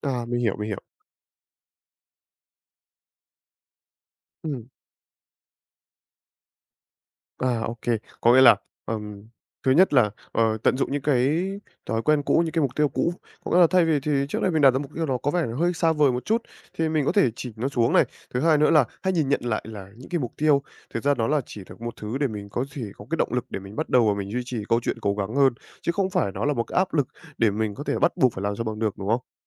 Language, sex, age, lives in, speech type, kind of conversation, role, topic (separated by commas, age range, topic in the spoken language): Vietnamese, male, 18-19, Vietnam, conversation, advice, user, Vì sao nỗi sợ thất bại khiến bạn không dám đặt mục tiêu?